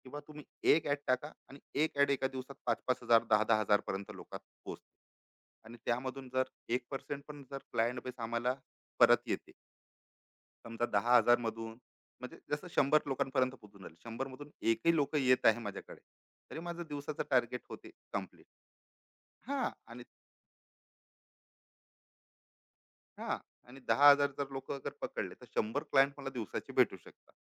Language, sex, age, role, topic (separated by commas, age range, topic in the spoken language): Marathi, male, 35-39, guest, तुम्ही नवीन कौशल्य शिकायला सुरुवात कशी करता?